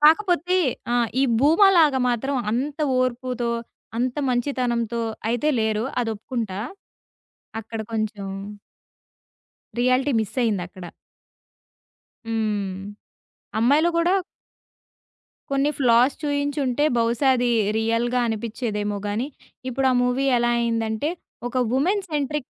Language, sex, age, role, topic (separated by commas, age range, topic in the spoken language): Telugu, female, 20-24, guest, సినిమా కథలు మన సమాజాన్ని ఎలా మార్చతాయని మీకు అనిపిస్తుంది?